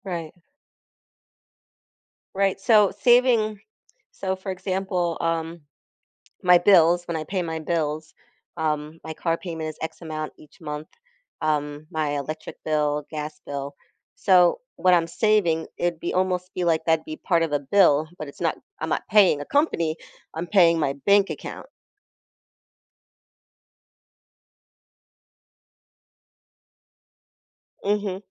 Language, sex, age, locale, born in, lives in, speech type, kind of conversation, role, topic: English, female, 40-44, en-US, United States, United States, conversation, advice, user, Do I need an emergency fund, and how much should I save?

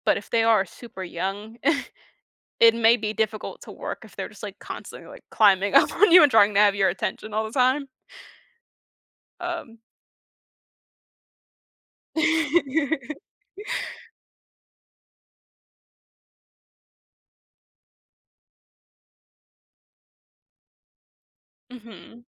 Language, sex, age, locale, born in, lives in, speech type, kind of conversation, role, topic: English, female, 20-24, en-US, United States, United States, conversation, advice, advisor, How can I stop feeling guilty and connect more with my family despite a busy schedule?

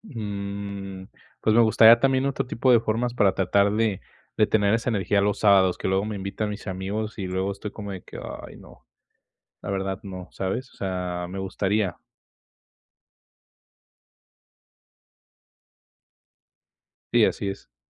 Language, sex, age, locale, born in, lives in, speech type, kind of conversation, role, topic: Spanish, male, 20-24, es-MX, Mexico, Mexico, conversation, advice, user, ¿Cómo puedo encontrar tiempo y energía para disfrutar de mi ocio?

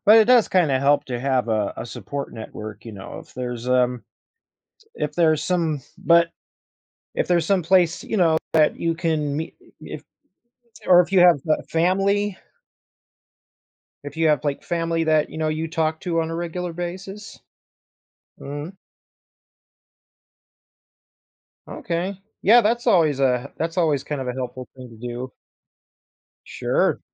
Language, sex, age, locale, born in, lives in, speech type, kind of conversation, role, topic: English, male, 30-34, en-US, United States, United States, conversation, advice, advisor, How can I regain balance after a busy season?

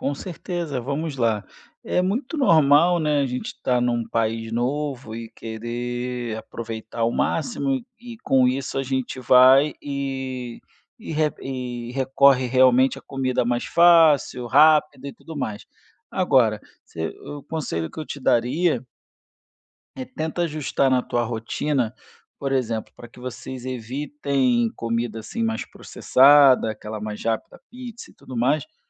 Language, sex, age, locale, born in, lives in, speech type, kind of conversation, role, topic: Portuguese, male, 35-39, pt-BR, Brazil, Spain, conversation, advice, advisor, Como posso controlar os desejos por comidas processadas no dia a dia?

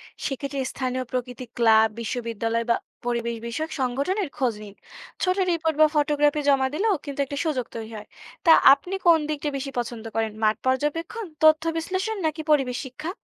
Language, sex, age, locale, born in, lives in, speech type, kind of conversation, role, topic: Bengali, female, 20-24, bn-BD, Bangladesh, Bangladesh, read, expert-assistant, expert, সাগরের প্রাণীদের রহস্য জানার জন্য কীভাবে নিজের আগ্রহ ও জ্ঞান বাড়ানো যায়?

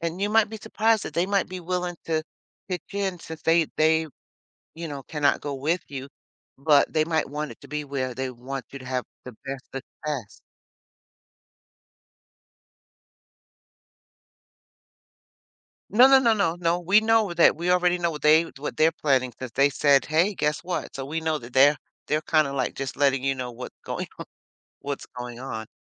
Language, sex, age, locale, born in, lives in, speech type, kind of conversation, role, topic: English, female, 60-64, en-US, United States, United States, conversation, advice, advisor, How do I adjust and make friends after moving to a new city by myself?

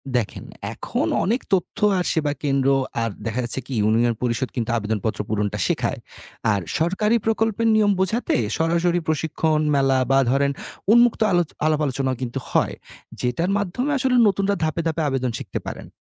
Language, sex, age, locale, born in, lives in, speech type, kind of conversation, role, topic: Bengali, male, 30-34, bn-BD, Bangladesh, Bangladesh, read, expert-assistant, expert, কোন কোন কৌশলে গ্রামীণ অঞ্চলে তরুণদের জন্য কাজের সুযোগ তৈরি করা সবচেয়ে কার্যকর হতে পারে?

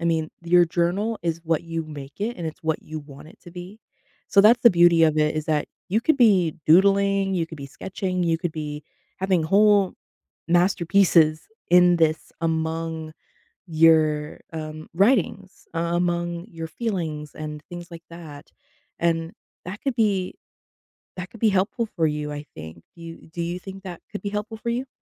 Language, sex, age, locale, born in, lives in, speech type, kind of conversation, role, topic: English, female, 25-29, en-US, United States, United States, conversation, advice, advisor, How can I add meaning to daily life?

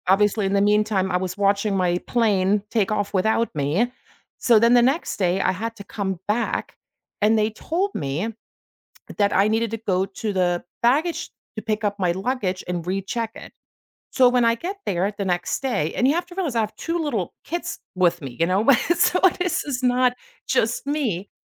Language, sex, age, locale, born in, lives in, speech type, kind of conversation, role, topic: English, female, 45-49, en-DE, Germany, United States, conversation, podcast, host, What strategies help you stay flexible and positive when travel plans go off track?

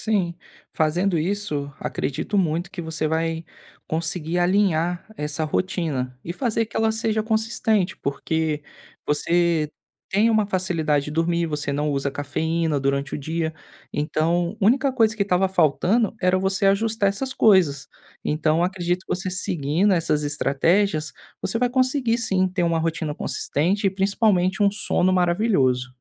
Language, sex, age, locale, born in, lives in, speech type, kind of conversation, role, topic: Portuguese, male, 25-29, pt-BR, Brazil, Spain, conversation, advice, advisor, Como posso criar uma rotina de sono noturno mais consistente?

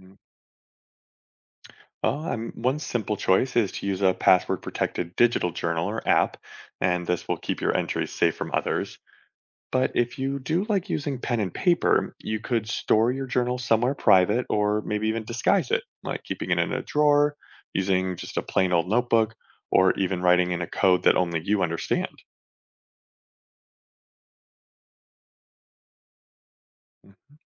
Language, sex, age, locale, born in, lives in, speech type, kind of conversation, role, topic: English, male, 35-39, en-US, United States, United States, read, expert-assistant, expert, What are the benefits of keeping a journal?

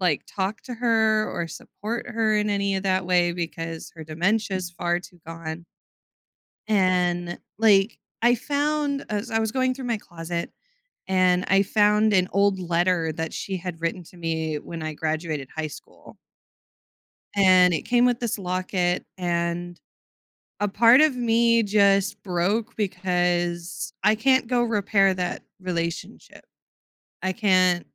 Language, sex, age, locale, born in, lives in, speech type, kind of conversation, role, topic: English, female, 25-29, en-US, United States, United States, conversation, advice, user, How can I cope with losing a loved one?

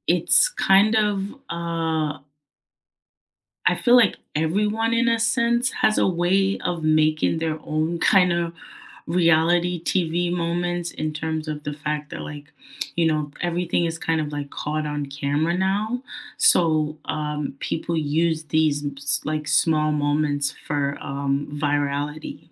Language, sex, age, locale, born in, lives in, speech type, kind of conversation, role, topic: English, female, 25-29, en-US, United States, United States, conversation, unstructured, none, What reality TV moments shocked you and kept you coming back?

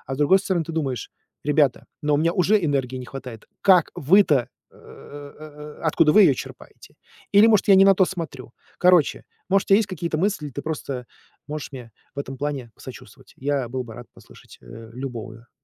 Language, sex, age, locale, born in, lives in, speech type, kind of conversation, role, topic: Russian, male, 40-44, ru-RU, Russia, United States, conversation, advice, user, Как перестроить режим, чтобы каждый день хватало энергии на важные дела?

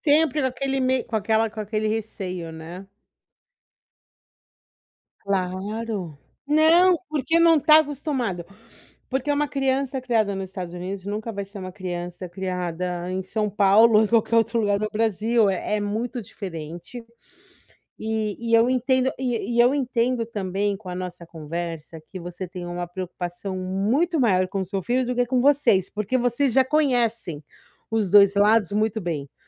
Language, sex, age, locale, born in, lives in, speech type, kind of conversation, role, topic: Portuguese, female, 40-44, pt-BR, Brazil, United States, conversation, advice, advisor, Como posso lidar com a ansiedade e a incerteza durante mudanças?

